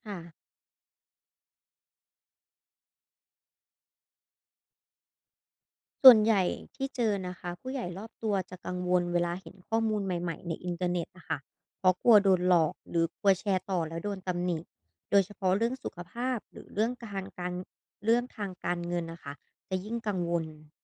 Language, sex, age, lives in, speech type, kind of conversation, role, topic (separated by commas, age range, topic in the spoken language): Thai, female, 25-29, Thailand, read, expert-assistant, asker, นักข่าวมีวิธีฝึกสังเกตข่าวจริงกับข่าวปลอมในชีวิตประจำวันยังไงบ้าง?